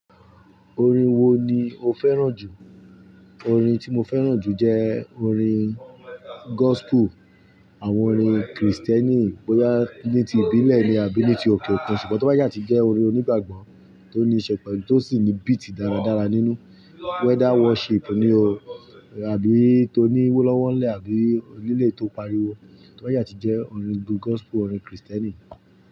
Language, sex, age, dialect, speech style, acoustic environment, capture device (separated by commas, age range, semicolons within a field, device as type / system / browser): Yoruba, male, 35-44, Nigeria - Ibadan, relaxed, appliances, mobile / Linux / Chrome